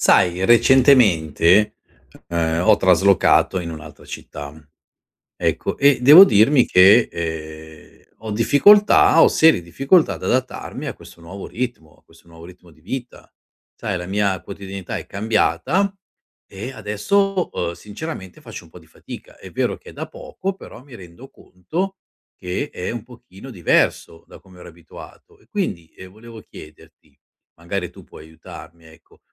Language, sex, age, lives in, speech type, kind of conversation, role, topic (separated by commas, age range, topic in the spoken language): Italian, male, 50-54, Italy, conversation, advice, user, Come posso adattarmi al nuovo ritmo quotidiano dopo essermi trasferito/a in un’altra città?